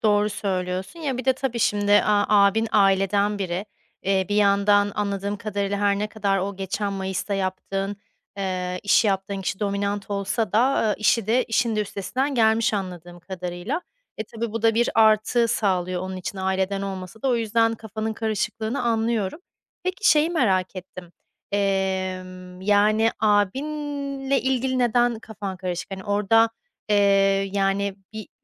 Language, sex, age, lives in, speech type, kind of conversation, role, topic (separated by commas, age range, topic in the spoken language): Turkish, female, 30-34, Spain, conversation, advice, advisor, Benim için doğru iş ortağını ve ekibi nasıl seçebilirim?